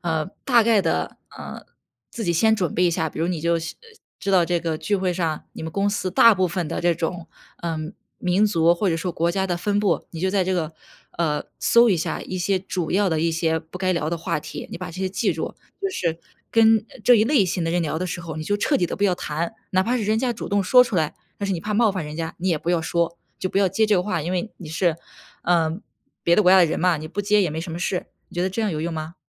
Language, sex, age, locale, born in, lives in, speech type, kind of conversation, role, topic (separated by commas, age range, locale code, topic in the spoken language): Chinese, female, 25-29, zh-CN, China, United States, conversation, advice, advisor, 在社交聚会中感到焦虑时，我该如何寻求支持？